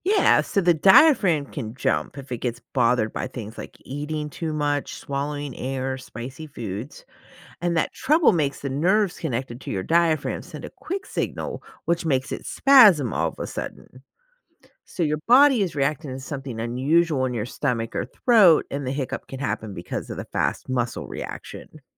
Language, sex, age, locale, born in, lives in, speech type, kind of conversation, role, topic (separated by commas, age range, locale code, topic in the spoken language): English, female, 40-44, en-US, United States, United States, read, expert-assistant, expert, What causes hiccups in humans?